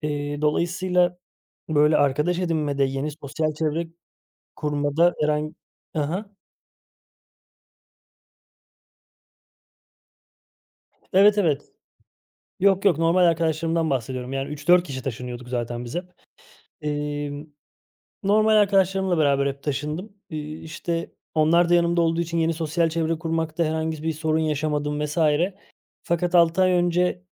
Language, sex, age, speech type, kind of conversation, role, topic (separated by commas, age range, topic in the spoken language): Turkish, male, 30-34, conversation, advice, user, Taşındıktan sonra yeni arkadaşlar edinmekte neden zorlanıyorsun?